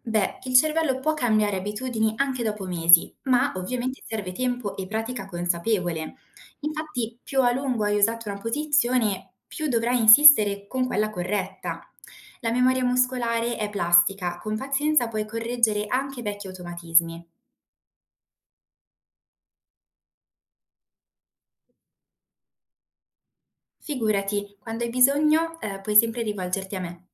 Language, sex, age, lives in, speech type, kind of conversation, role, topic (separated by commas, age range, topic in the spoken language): Italian, female, 20-24, Italy, read, expert-assistant, expert, Ci sono modi per rendere più facile l’apprendimento di qualcosa di nuovo usando trucchi legati al cervello?